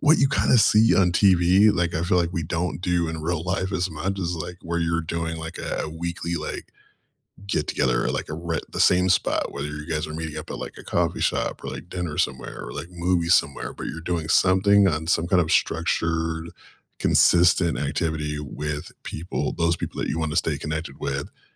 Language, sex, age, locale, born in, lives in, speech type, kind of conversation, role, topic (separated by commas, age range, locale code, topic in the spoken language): English, male, 35-39, en-US, United States, United States, conversation, unstructured, none, If you cleared your calendar, what would you add to make next year feel meaningful?